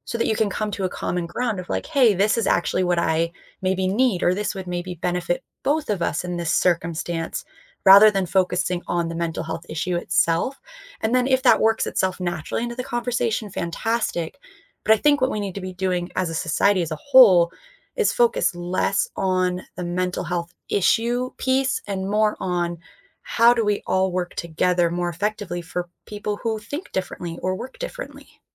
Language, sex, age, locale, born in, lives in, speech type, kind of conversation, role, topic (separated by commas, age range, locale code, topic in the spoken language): English, female, 25-29, en-US, United States, United States, conversation, unstructured, none, How can we create a more open and supportive conversation about mental health?